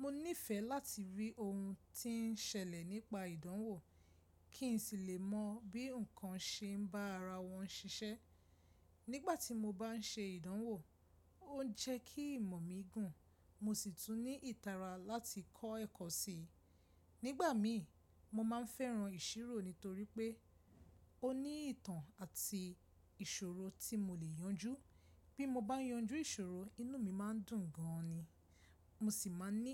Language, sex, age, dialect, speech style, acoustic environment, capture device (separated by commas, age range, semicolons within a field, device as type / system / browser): Yoruba, female, 25-34, Nigeria - Lagos Yoruba, stressed, silence, mobile / Linux / Chrome